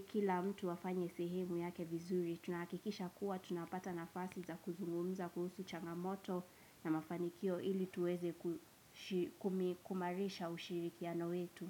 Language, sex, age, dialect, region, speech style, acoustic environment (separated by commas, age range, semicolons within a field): Swahili, female, 18-24, Kenya - Nairobi Sheng-influenced, Kenya, relaxed, nature